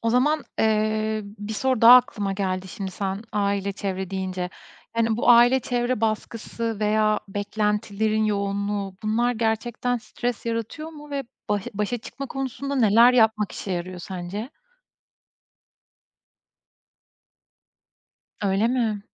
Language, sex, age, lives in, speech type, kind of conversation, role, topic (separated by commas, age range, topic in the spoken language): Turkish, female, 35-39, Estonia, conversation, podcast, host, Sınav kaygısıyla başa çıkmak için hangi yöntemleri kullanıyorsun?